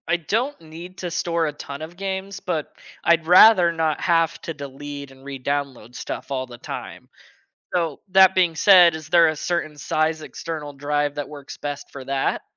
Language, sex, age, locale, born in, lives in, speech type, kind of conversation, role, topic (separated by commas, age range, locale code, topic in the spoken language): English, male, 20-24, en-US, United States, United States, read, expert-assistant, asker, Is gaming easier on a console than a PC?